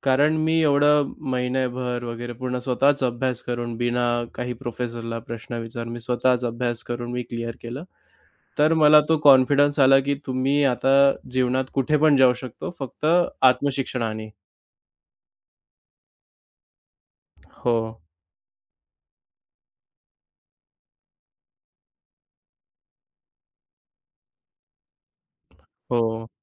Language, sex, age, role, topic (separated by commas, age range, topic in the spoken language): Marathi, male, 25-29, guest, तुमची आत्मशिक्षणाची सुरुवात कशी झाली?